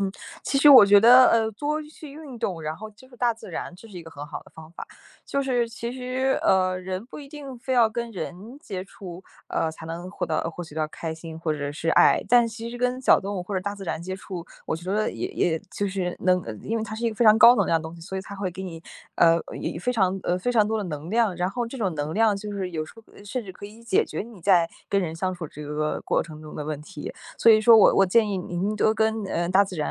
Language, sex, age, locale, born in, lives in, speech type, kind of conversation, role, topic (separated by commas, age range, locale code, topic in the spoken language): Chinese, female, 20-24, zh-CN, China, United States, conversation, advice, advisor, 被出轨或背叛后，你感到的痛苦具体是什么样的？